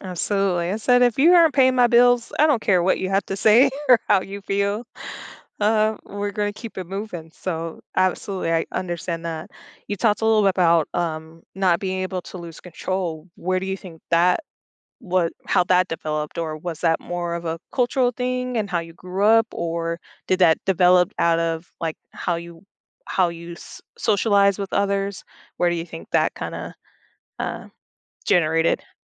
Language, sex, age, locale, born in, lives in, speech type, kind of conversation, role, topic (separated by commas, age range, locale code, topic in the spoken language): English, female, 30-34, en-US, United States, United States, conversation, podcast, host, What influences people to keep certain aspects of themselves private?